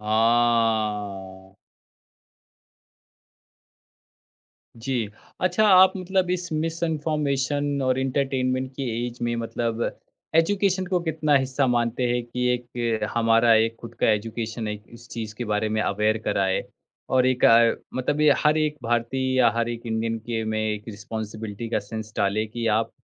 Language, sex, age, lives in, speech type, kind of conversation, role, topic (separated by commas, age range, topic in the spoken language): Hindi, male, 30-34, India, conversation, podcast, host, Social media par misinformation entertainment se kaise judi hoti hai?